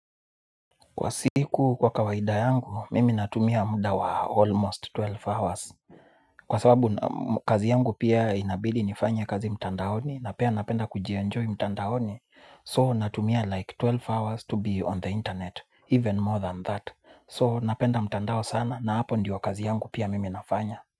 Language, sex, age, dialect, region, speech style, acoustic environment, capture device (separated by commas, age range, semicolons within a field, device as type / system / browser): Swahili, male, 25-34, Kenya - Nairobi Sheng-influenced, Kenya, nervous; excited; focused; anxious, people talking; music; nature, mobile / Linux / Chrome